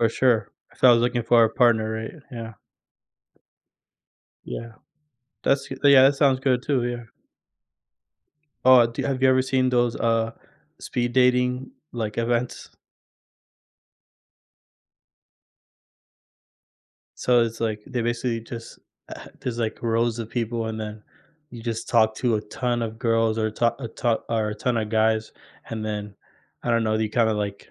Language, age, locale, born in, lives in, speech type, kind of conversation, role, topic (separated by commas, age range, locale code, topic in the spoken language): English, 20-24, en-US, United States, United States, conversation, advice, user, How can I build meaningful friendships quickly after moving to a new city?